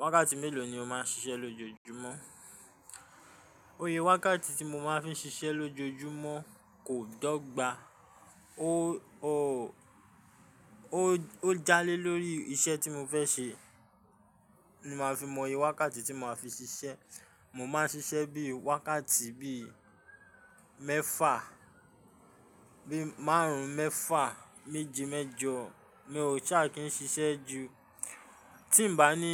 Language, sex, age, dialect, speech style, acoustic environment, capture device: Yoruba, male, 25-34, Nigeria - Ijebu, stressed, construction, mobile / Linux / Chrome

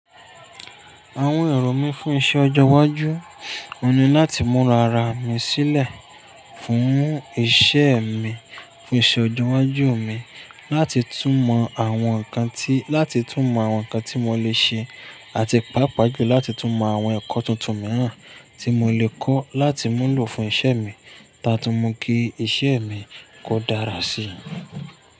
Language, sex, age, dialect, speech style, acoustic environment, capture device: Yoruba, male, 25-34, Nigeria - Ibadan, relaxed, people talking, mobile / Linux / Chrome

